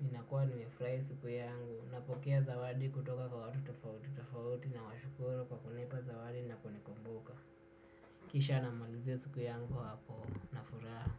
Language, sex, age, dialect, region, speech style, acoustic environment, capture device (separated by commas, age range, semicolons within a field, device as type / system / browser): Swahili, male, 18-24, Kenya - Nairobi Sheng-influenced, Kenya, relaxed, silence, desktop / Windows / Chrome